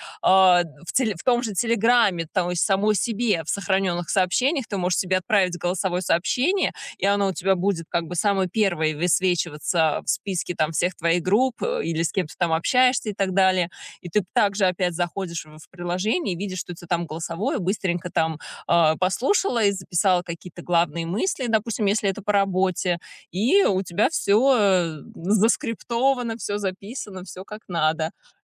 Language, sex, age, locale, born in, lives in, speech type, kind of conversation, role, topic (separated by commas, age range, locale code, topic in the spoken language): Russian, female, 35-39, ru-RU, Russia, United States, conversation, advice, advisor, Как мне регулярно и понятно записывать идеи и новые концепции?